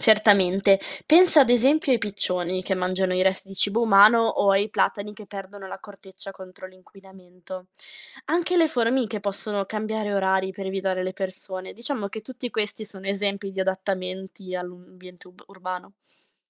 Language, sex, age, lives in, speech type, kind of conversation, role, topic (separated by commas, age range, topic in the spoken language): Italian, female, 20-24, Italy, read, expert-assistant, expert, Come posso osservare i segni dell’evoluzione negli animali e nelle piante che incontro ogni giorno?